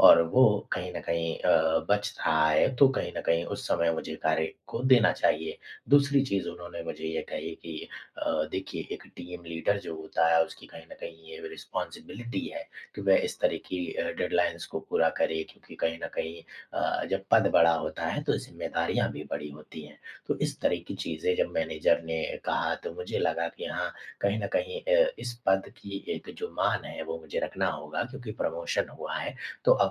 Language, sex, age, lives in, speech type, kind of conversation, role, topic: Hindi, male, 25-29, India, conversation, advice, user, नौकरी के लगातार दबाव के कारण आपको थकान और बेचैनी कैसे महसूस होती है?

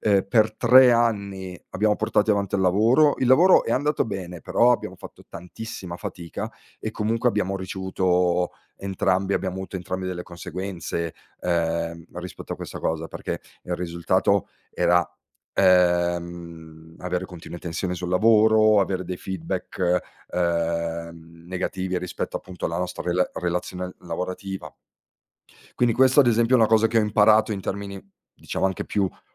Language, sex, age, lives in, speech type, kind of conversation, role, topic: Italian, male, 40-44, Italy, conversation, podcast, guest, Qual è il ruolo dell’errore nei tuoi esperimenti creativi?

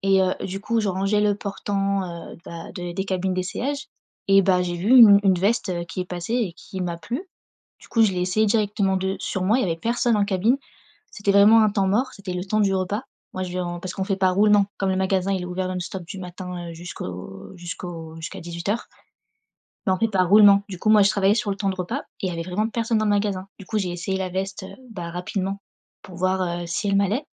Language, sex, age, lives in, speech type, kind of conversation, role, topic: French, female, 25-29, France, conversation, advice, user, Comment réagir face aux critiques constantes d’un collègue ?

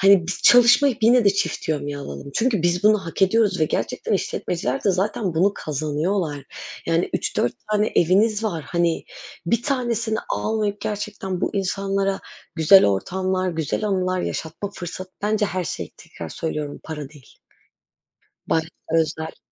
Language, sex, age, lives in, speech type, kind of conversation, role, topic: Turkish, female, 25-29, Netherlands, conversation, unstructured, none, Bayramlar senin için ne ifade ediyor?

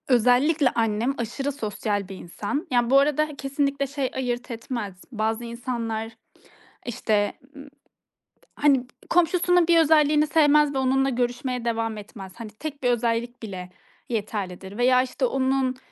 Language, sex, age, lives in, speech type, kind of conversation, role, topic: Turkish, female, 30-34, Estonia, conversation, podcast, guest, Komşuluk bağlarını güçlendirmenin basit yolları nelerdir?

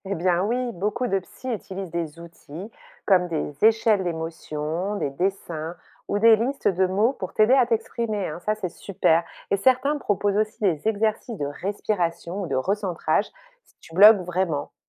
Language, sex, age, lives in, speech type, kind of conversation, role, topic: French, female, 45-49, France, read, expert-assistant, expert, Peut-on vraiment faire confiance aux conseils en santé mentale qu’on voit dans les podcasts populaires ?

